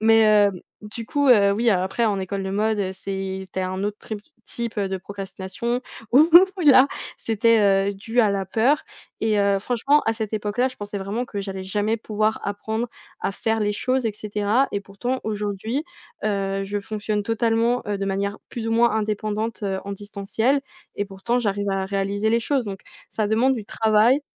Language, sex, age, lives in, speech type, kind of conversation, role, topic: French, female, 20-24, France, conversation, podcast, guest, Comment gères-tu la procrastination quand il faut travailler ?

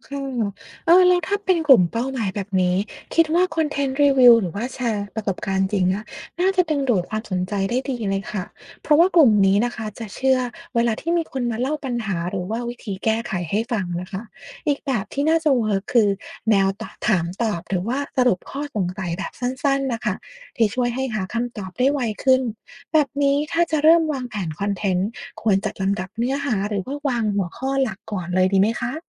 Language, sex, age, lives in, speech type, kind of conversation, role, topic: Thai, female, 40-44, United States, read, expert-assistant, asker, อยากเก่งการตลาดต้องเริ่มต้นจากอะไร และทักษะไหนสำคัญที่สุดในยุคนี้?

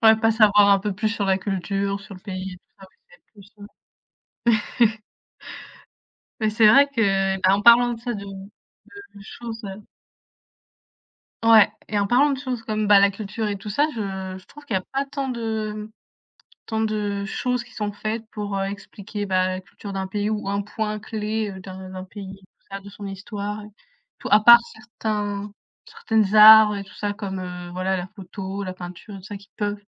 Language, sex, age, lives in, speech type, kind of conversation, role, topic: French, female, 20-24, France, conversation, unstructured, none, Comment la diversité culturelle enrichit-elle une société ?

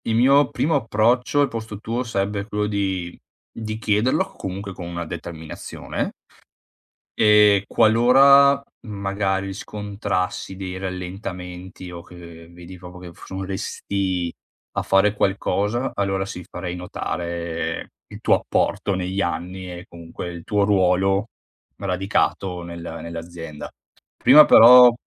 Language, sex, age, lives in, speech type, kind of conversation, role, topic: Italian, male, 30-34, Italy, conversation, advice, advisor, Come posso chiedere una promozione dopo anni senza avanzamenti?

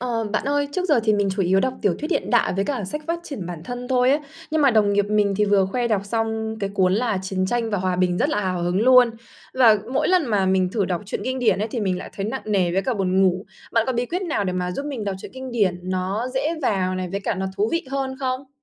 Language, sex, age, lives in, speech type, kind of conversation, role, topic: Vietnamese, female, 25-29, Vietnam, read, expert-assistant, asker, Làm sao để đọc truyện kinh điển mà không cảm thấy quá khó hiểu hay nhàm chán?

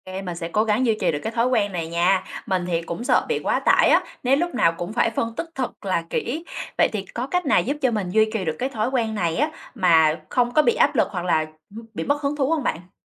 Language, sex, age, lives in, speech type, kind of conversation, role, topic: Vietnamese, female, 25-29, Vietnam, read, expert-assistant, asker, Có cách nào giúp tôi theo dõi các sự kiện quốc tế mà không bị quá tải thông tin không?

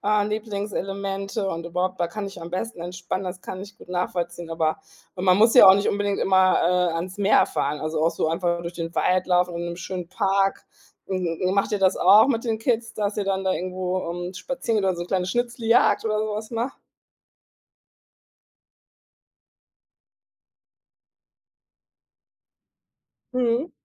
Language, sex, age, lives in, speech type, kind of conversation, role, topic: German, female, 40-44, Germany, conversation, podcast, host, Was nimmst du aus der Natur mit in deinen Alltag?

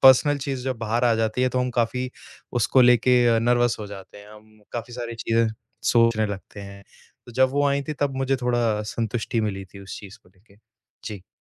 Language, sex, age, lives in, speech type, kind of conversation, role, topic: Hindi, male, 25-29, India, conversation, advice, user, प्रदर्शन समीक्षा में नकारात्मक टिप्पणियों से मेरे करियर पर क्या असर पड़ सकता है?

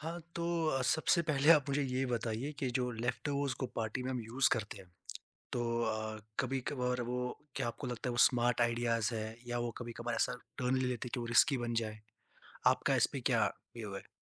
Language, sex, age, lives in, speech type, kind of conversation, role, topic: Hindi, male, 20-24, India, conversation, podcast, host, Leftovers ko party mein smart tareeke se kaise use karte ho, tips kya hain?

